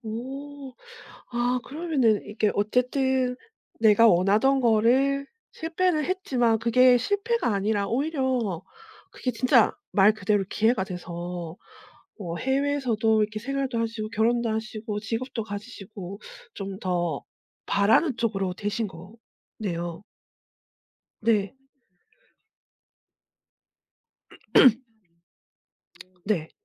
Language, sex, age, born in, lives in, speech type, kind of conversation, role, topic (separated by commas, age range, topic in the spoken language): Korean, female, 40-44, South Korea, South Korea, conversation, podcast, host, 어떤 실패가 오히려 기회가 되었나요?